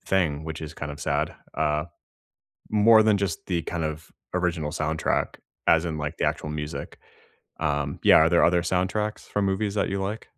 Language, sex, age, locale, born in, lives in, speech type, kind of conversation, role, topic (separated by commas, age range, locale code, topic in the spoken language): English, male, 30-34, en-US, United States, United States, conversation, unstructured, none, Which songs and movies defined your teenage years, and what memories and connections do they hold?